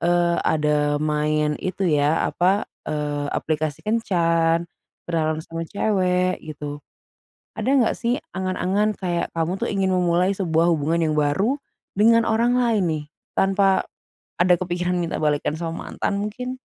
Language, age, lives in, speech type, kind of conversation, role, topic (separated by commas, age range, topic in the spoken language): Indonesian, 25-29, Indonesia, conversation, advice, advisor, Bagaimana sebaiknya saya bersikap ketika mantan menghubungi dan mengajak balikan, tetapi saya masih ragu untuk memberi dia kesempatan lagi?